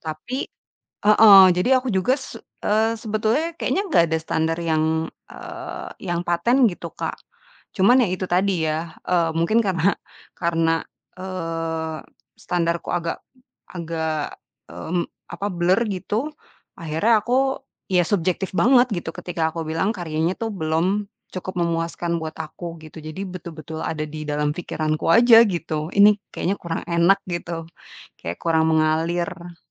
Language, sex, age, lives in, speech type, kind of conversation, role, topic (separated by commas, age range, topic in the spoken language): Indonesian, female, 30-34, Indonesia, conversation, advice, user, Bagaimana perfeksionisme membuat Anda sulit memulai atau menyelesaikan karya?